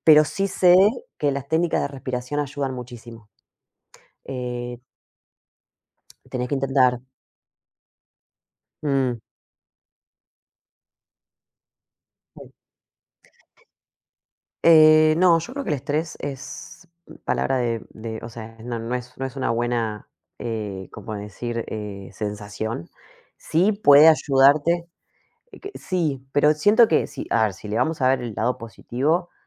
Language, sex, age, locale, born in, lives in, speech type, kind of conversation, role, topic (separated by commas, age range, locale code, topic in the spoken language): Spanish, female, 35-39, es-AR, Argentina, Portugal, conversation, unstructured, none, ¿Cómo manejas el estrés en tu vida diaria?